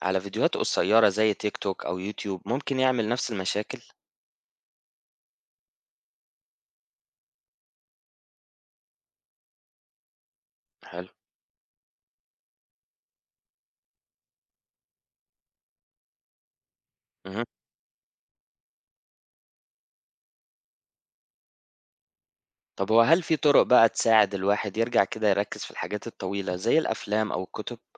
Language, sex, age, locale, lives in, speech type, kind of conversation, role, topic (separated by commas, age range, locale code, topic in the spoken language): Arabic, male, 25-29, ar-EG, Egypt, read, expert-assistant, asker, يعني إيه binge-watching في المسلسلات والأفلام؟ وليه الموضوع ده بقى منتشر قوي اليومين دول؟